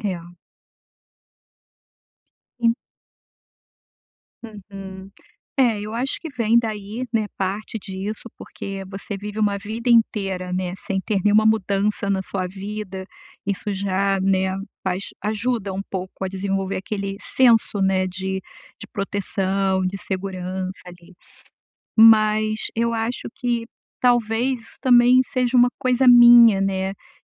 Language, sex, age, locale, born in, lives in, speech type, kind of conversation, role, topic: Portuguese, female, 55-59, pt-BR, Brazil, United States, conversation, advice, user, Como posso desenvolver resiliência diante de mudanças inesperadas?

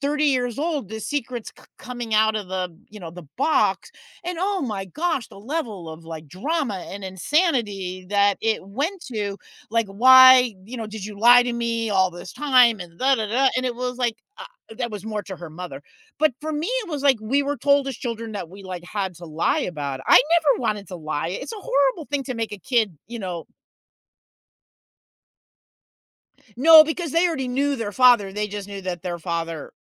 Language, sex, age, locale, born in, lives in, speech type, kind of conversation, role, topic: English, female, 60-64, en-US, United States, United States, conversation, unstructured, none, How do I adjust when a childhood belief about me is wrong?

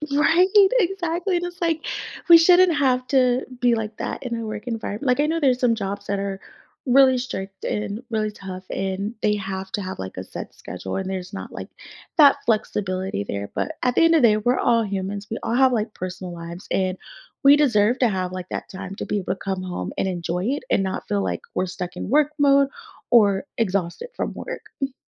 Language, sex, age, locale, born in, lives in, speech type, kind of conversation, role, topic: English, female, 30-34, en-US, United States, United States, conversation, podcast, guest, What strategies help you maintain a healthy balance between your career and personal life?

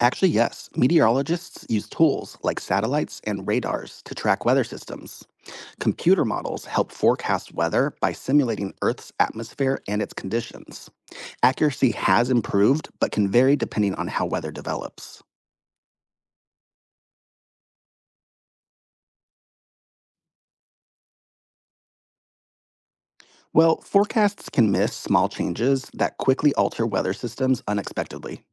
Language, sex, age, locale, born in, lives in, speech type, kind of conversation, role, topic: English, male, 35-39, en-US, United States, United States, read, expert-assistant, expert, How do weather patterns form and change?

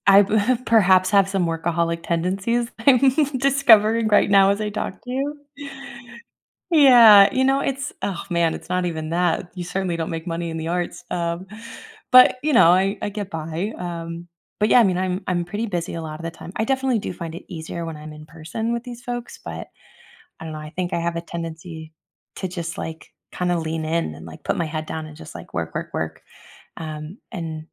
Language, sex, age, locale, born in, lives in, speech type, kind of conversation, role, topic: English, female, 30-34, en-US, United States, United States, conversation, advice, user, How can I balance work and personal life without burning out?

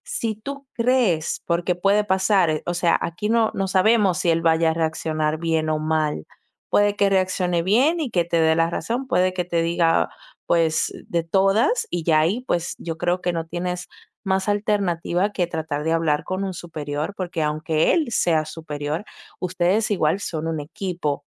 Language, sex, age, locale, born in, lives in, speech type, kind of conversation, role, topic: Spanish, female, 20-24, es-DO, Dominican Republic, Italy, conversation, advice, advisor, ¿Cómo puedo ofrecer retroalimentación sin que la otra persona se ponga a la defensiva?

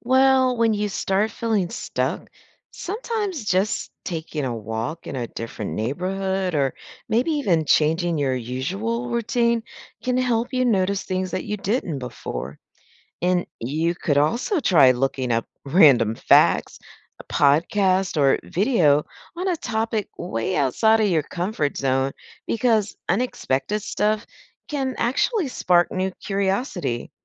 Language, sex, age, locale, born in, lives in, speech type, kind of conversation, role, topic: English, female, 50-54, en-US, United States, United States, read, expert-assistant, expert, Why is it important to stay curious and keep asking questions?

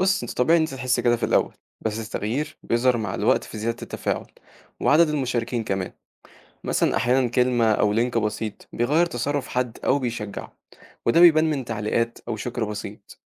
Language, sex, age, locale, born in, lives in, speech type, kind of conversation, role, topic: Arabic, male, 18-19, ar-EG, Egypt, Egypt, read, expert-assistant, expert, لو عندي شغل كتير ومش بلاقي وقت أشارك في مبادرات تنظيف البيئة، أتصرف إزاي وأساهم بشكل فعال؟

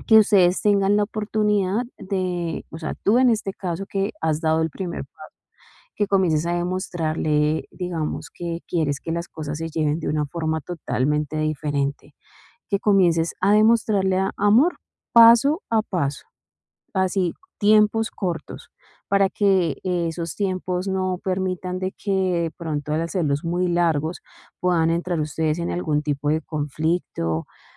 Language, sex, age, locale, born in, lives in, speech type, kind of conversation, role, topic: Spanish, female, 40-44, es-CO, Colombia, Spain, conversation, advice, advisor, ¿Cómo puedo mejorar la comunicación con mis hermanos?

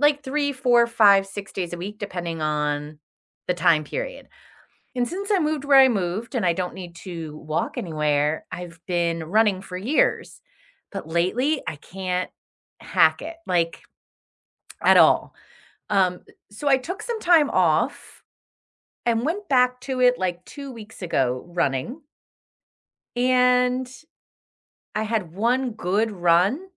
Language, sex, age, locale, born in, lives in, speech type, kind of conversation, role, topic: English, female, 45-49, en-US, United States, United States, conversation, unstructured, none, How does the idea of slowing down physically make you feel?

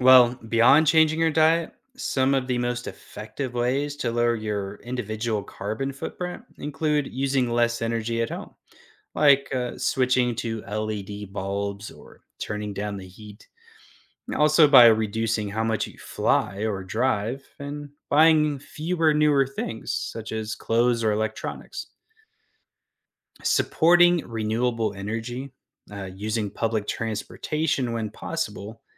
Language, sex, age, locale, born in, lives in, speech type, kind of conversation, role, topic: English, male, 35-39, en-US, United States, United States, read, expert-assistant, expert, Which makes a bigger difference in fighting climate change: driving less or eating less meat?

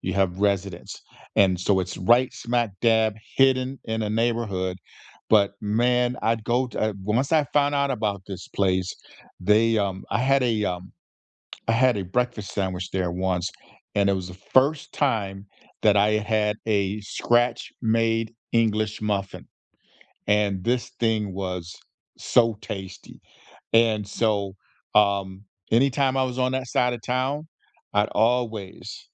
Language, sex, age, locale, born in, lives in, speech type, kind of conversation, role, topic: English, male, 60-64, en-US, United States, United States, conversation, unstructured, none, What overlooked local spot do you cherish, and what memories make it special to you?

